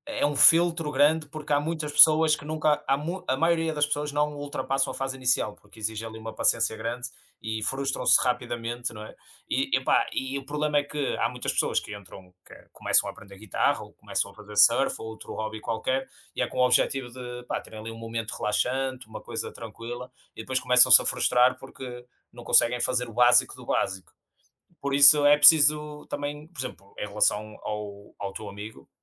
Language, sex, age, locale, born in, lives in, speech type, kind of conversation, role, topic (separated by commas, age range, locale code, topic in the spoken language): Portuguese, male, 30-34, pt-PT, Portugal, Portugal, conversation, unstructured, none, O que faz um hobby ser especial para você?